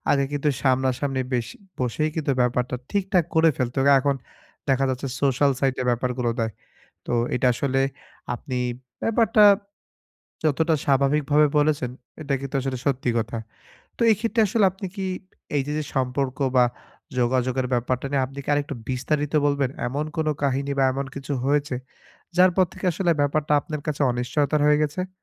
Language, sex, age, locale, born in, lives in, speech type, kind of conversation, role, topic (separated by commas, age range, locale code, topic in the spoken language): Bengali, male, 25-29, bn-BD, Bangladesh, Bangladesh, conversation, advice, advisor, অনিশ্চয়তার সময় আমি কীভাবে সম্পর্ক ও যোগাযোগ বজায় রাখতে পারি?